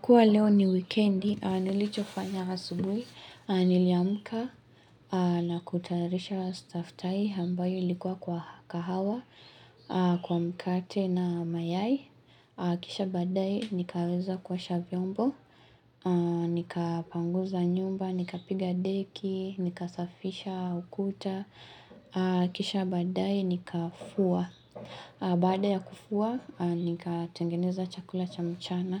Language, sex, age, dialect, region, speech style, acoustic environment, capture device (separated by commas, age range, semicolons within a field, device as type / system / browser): Swahili, female, 18-24, Kenya - Mombasa, Kenya, relaxed, nature, mobile / Linux / Chrome